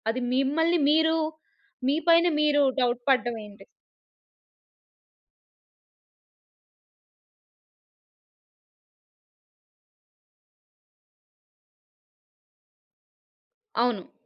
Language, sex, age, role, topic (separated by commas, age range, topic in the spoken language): Telugu, female, 30-34, host, ఎవరైనా మీకు లేదా ఇతరులకు గౌరవం చూపించకపోతే మీరు ఎలా వ్యవహరిస్తారు?